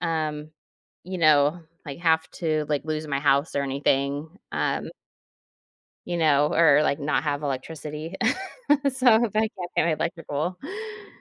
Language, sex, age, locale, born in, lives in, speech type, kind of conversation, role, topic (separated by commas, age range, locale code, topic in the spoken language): English, female, 40-44, en-US, United States, United States, conversation, advice, user, How can I manage bills and start building an emergency fund when my monthly income is unpredictable?